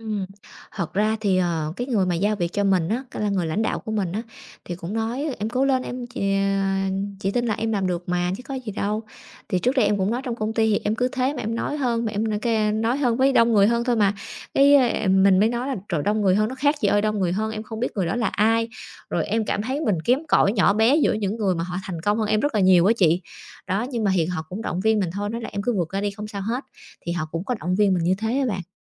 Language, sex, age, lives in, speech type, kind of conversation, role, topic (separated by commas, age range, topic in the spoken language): Vietnamese, female, 30-34, Vietnam, conversation, advice, user, Làm thế nào để kiểm soát lo lắng và giữ tập trung khi đối mặt với công việc khó?